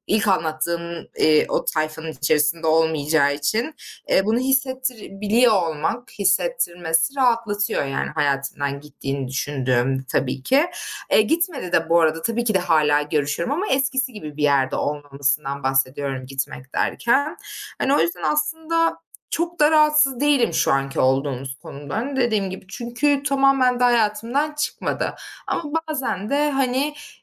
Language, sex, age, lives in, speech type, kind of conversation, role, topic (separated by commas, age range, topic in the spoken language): Turkish, female, 25-29, Germany, conversation, advice, user, Arkadaşınla aranızın yavaşça açılması ve ilişkinizin soğumasıyla nasıl başa çıkabilirsin?